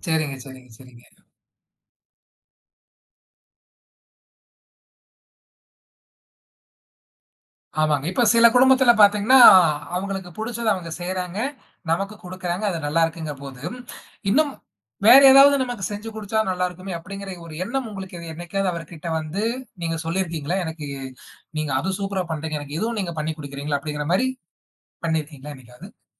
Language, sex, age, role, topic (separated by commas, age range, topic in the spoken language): Tamil, male, 20-24, host, உங்கள் வீட்டில் வீட்டு வேலைகளை யார் யார் எப்படிப் பகிர்ந்து செய்கிறார்கள்?